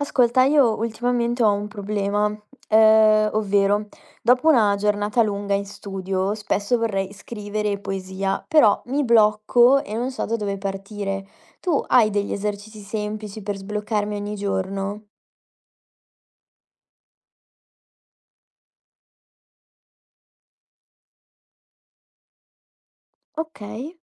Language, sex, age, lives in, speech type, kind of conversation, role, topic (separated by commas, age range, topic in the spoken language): Italian, female, 20-24, Italy, read, expert-assistant, asker, Ci sono piccoli esercizi quotidiani che aiutano a migliorare la scrittura poetica?